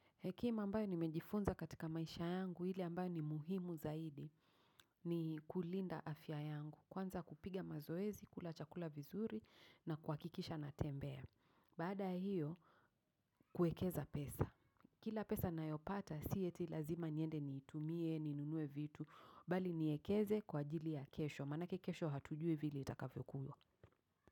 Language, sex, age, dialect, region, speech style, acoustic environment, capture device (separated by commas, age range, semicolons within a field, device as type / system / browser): Swahili, female, 35-44, Kenya - Nairobi Sheng-influenced, Kenya, focused, people talking; traffic; nature, mobile / macOS / Safari